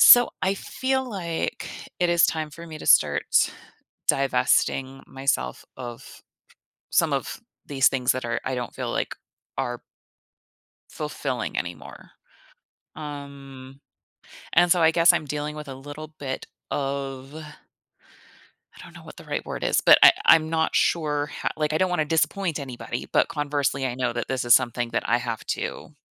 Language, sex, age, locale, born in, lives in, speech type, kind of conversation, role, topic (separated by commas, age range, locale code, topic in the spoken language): English, female, 35-39, en-US, United States, United States, conversation, advice, user, How can I clarify my values and set goals aligned with them?